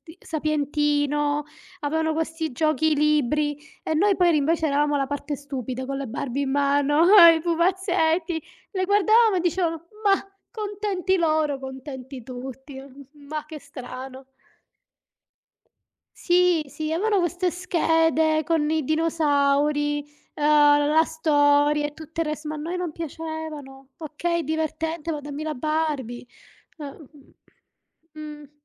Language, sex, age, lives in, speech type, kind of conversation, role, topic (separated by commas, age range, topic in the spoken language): Italian, female, 35-39, Italy, conversation, unstructured, none, Che cosa ricordi con più affetto dei tuoi pomeriggi dopo scuola?